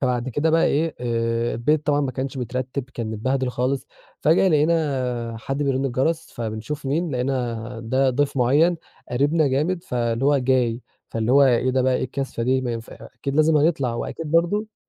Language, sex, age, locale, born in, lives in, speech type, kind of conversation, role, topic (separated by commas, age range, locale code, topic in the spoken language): Arabic, male, 20-24, ar-EG, Egypt, Egypt, conversation, podcast, guest, إيه معنى الضيافة عندكم وبتبان إزاي؟